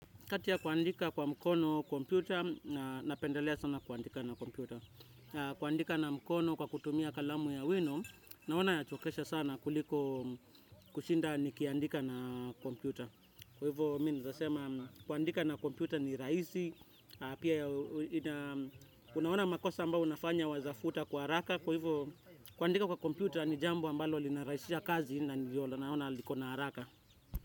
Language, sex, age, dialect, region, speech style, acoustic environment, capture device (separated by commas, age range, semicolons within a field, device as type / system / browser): Swahili, male, 25-34, Kenya - Nairobi Sheng-influenced, Kenya, relaxed, nature, desktop / Windows / Chrome